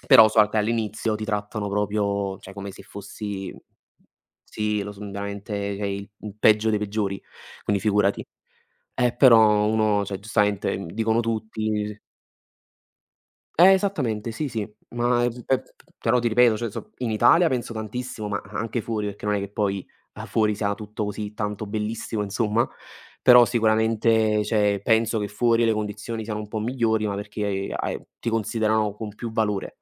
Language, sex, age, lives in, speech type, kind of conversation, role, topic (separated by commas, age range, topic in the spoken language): Italian, male, 20-24, Italy, conversation, unstructured, none, Qual è un sogno che vorresti realizzare un giorno?